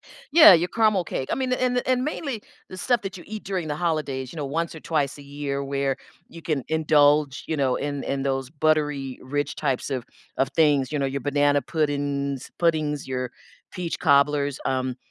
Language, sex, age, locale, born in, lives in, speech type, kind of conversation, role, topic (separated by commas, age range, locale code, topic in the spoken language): English, female, 60-64, en-US, United States, United States, conversation, podcast, guest, How does sharing traditional foods help you connect with your heritage and others?